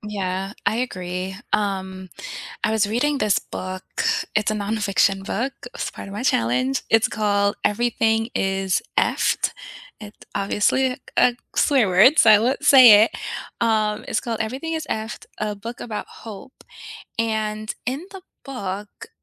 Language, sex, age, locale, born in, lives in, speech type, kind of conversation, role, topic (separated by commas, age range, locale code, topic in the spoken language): English, female, 35-39, en-US, United States, United States, conversation, unstructured, none, Which books or life lessons have reshaped your perspective, and what made them stick with you?